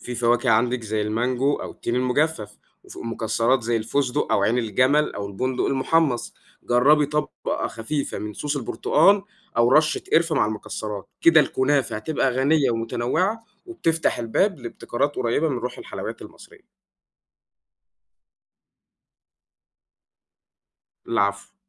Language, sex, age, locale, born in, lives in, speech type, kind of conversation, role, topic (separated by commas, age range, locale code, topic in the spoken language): Arabic, male, 20-24, ar-EG, Egypt, Egypt, read, expert-assistant, expert, لو عايزين نبتكر وجبة مصرية جديدة تجمع بين أكلات شعبية وتقنيات طبخ حديثة، هتكون عاملة إزاي؟